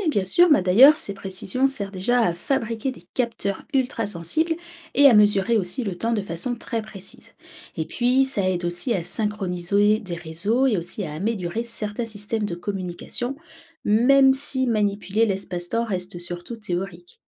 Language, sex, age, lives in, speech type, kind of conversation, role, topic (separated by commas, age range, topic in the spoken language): French, female, 30-34, France, read, expert-assistant, expert, Quelles idées originales pourrions-nous imaginer pour explorer l’espace autrement que par les fusées ?